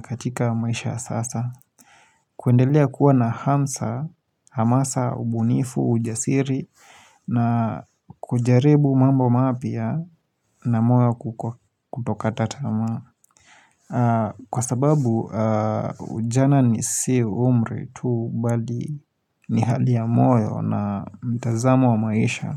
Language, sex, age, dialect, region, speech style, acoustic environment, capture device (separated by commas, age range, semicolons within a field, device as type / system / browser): Swahili, male, 25-34, Kenya - Nairobi Sheng-influenced, Kenya, relaxed; focused, silence, mobile / Linux / Chrome